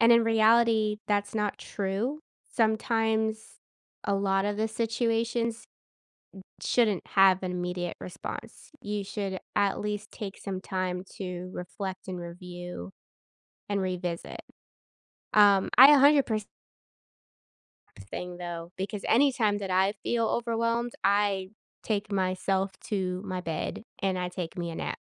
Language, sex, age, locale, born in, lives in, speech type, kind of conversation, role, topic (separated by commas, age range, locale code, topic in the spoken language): English, female, 30-34, en-US, United States, United States, conversation, podcast, host, How has meaningful advice shaped your life or perspective?